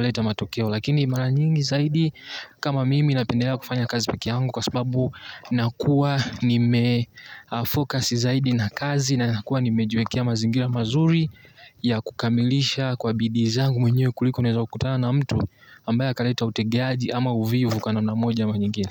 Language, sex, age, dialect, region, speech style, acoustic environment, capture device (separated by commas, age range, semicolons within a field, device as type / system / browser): Swahili, male, 18-24, Tanzania - Dar es Salaam, Tanzania, tired, nature, mobile / Linux / Chrome